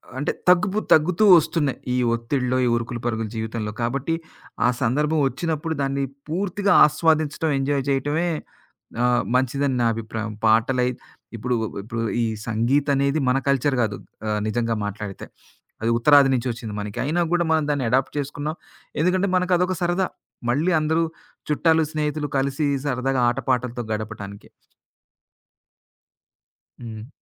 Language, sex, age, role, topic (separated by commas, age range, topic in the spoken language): Telugu, male, 40-44, guest, పాటలు మీ జీవితానికి ఒక నేపథ్యగీతంలా అనిపిస్తాయా?